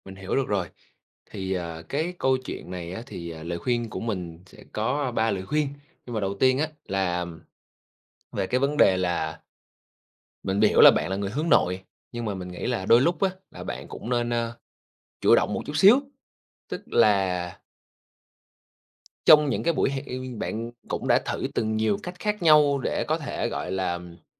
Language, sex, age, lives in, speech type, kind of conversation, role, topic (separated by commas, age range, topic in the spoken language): Vietnamese, male, 25-29, Vietnam, conversation, advice, advisor, Làm thế nào để kết bạn mới trong môi trường mới khi bạn thấy khó xây dựng sự thân thiết?